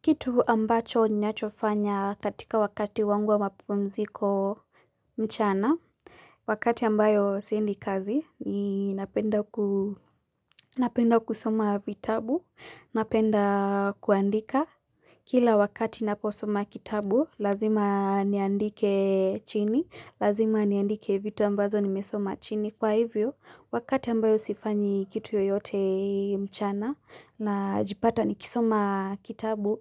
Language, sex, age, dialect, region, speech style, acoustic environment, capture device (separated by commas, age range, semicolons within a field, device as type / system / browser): Swahili, female, 18-24, Kenya - Nairobi Sheng-influenced, Kenya, relaxed, silence, mobile / Linux / Chrome